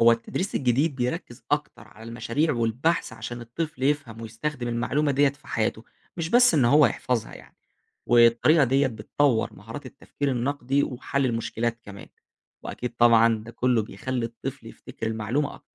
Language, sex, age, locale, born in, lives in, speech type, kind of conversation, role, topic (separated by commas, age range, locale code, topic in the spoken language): Arabic, male, 20-24, ar-EG, Egypt, Egypt, read, expert-assistant, expert, هل تطبيق مناهج عالمية في المدارس الحكومية أفضل، ولا لازم مناهج محلية تناسب ثقافتنا؟